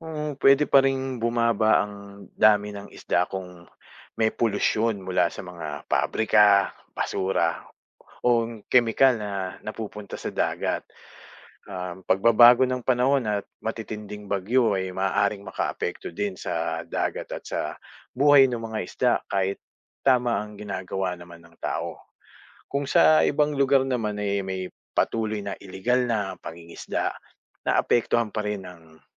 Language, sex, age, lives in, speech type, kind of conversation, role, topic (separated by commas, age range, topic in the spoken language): Filipino, male, 45-49, Philippines, read, expert-assistant, expert, Ano ang epekto ng sobrang pangingisda sa dagat?